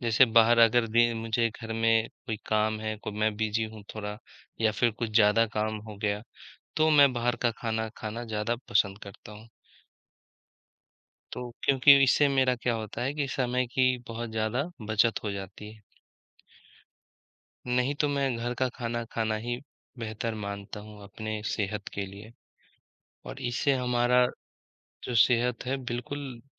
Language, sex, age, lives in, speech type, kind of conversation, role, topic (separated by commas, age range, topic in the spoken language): Hindi, male, 25-29, India, conversation, unstructured, none, आप यह कैसे तय करते हैं कि घर का बना खाना खाएँ या बाहर का खाना?